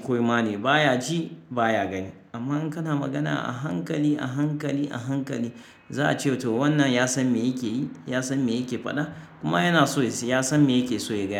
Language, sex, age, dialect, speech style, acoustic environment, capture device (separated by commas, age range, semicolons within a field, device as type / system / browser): Hausa, male, 35-44, Nigeria - Kano, happy, nature, mobile / Linux / Chrome